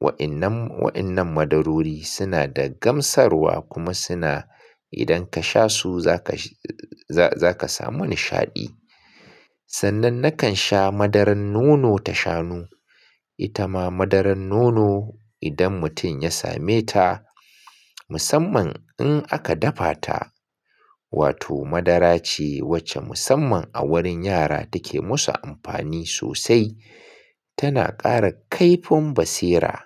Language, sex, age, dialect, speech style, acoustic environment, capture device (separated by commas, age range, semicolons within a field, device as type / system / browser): Hausa, male, 35-44, Nigeria - Kano, happy; focused; relaxed, silence, mobile / Linux / Chrome